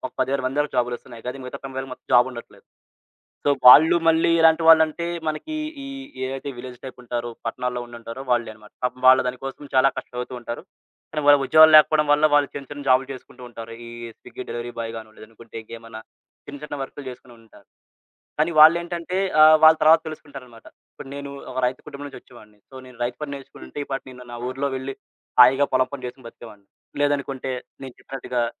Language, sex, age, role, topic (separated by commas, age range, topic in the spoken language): Telugu, male, 20-24, guest, పాత నమ్మకాన్ని విడిచి కొత్తదాన్ని ఆచరించడం మీకు ఎలా అనిపించింది?